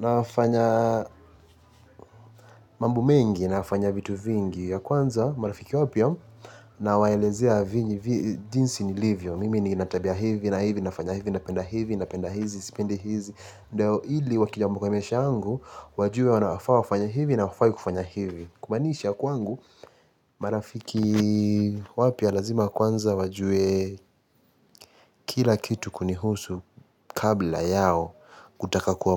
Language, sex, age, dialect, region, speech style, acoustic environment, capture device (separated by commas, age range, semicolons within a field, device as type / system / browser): Swahili, male, 18-24, Kenya - Mombasa, Kenya, anxious, silence, mobile / Linux / Chrome